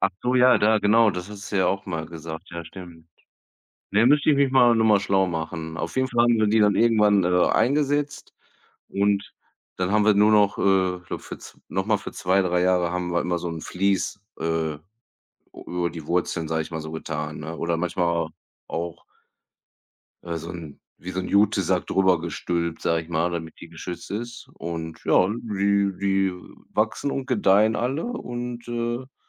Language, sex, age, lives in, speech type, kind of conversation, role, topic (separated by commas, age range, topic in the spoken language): German, male, 35-39, Germany, conversation, unstructured, none, Was bedeutet Glück für dich?